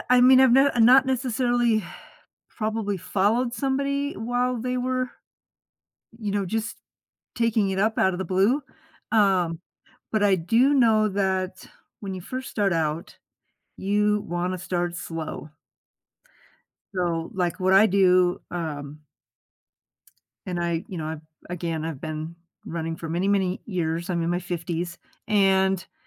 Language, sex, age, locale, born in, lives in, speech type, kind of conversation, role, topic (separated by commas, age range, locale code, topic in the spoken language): English, female, 55-59, en-US, United States, United States, conversation, podcast, guest, What are the best ways for beginners to ease into running and make it a lasting habit?